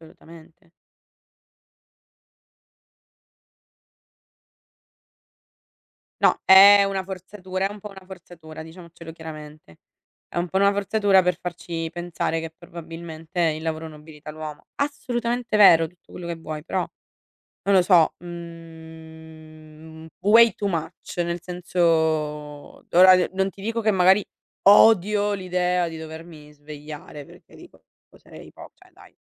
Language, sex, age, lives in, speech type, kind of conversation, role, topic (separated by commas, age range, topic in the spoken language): Italian, female, 60-64, Italy, conversation, unstructured, none, Qual è la cosa che ti fa odiare alzarti per andare al lavoro?